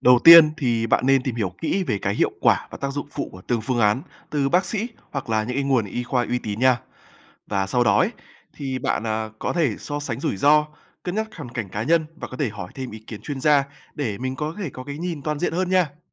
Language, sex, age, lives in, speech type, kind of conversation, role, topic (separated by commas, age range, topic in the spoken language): Vietnamese, male, 20-24, Vietnam, read, expert-assistant, expert, Khi đứng trước lựa chọn điều trị, làm sao để biết đâu là quyết định phù hợp với sức khỏe lâu dài của mình?